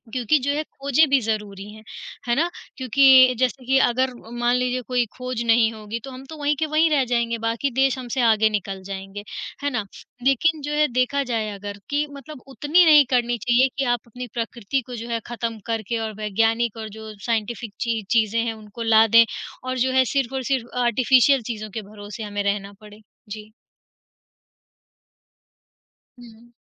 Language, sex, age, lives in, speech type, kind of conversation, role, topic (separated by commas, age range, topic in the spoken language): Hindi, female, 40-44, India, conversation, unstructured, none, क्या वैज्ञानिक खोजों के लिए प्रकृति को नुकसान पहुँचाना सही है?